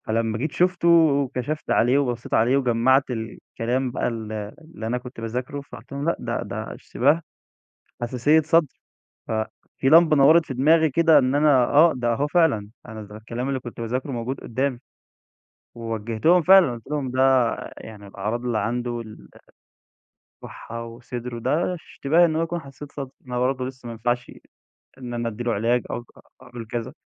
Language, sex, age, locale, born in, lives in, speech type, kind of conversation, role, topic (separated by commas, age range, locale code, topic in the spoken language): Arabic, male, 20-24, ar-EG, Egypt, Egypt, conversation, podcast, guest, إزاي بتوازن بين الدراسة وحياتك اليومية؟